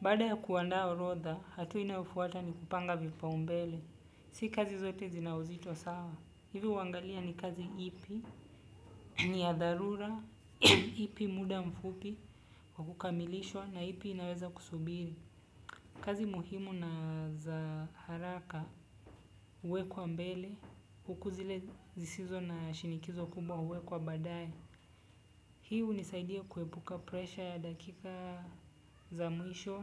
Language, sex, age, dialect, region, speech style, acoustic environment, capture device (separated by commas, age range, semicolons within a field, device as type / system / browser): Swahili, male, 25-34, Kenya - Nairobi Sheng-influenced, Kenya, relaxed, nature, desktop / Windows / Chrome